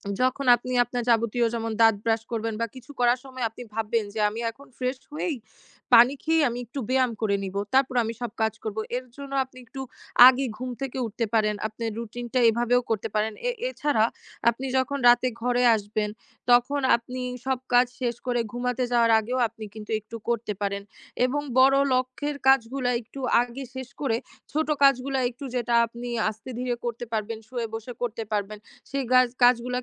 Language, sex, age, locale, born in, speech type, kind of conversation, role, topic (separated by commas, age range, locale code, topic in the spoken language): Bengali, female, 20-24, bn-BD, Bangladesh, conversation, advice, advisor, আমি ব্যায়াম শুরু করেছি, কিন্তু নিয়মিত রাখতে পারছি না—আমি কীভাবে এটি বজায় রাখতে পারি?